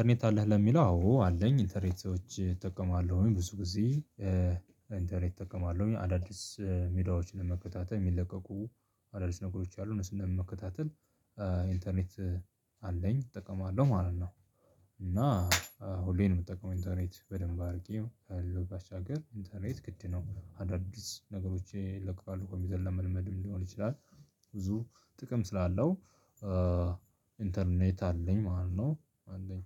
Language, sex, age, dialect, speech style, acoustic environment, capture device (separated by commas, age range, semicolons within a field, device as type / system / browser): Amharic, male, 25-34, Ethiopia - Addis Ababa, happy, people talking, mobile / Linux / Chrome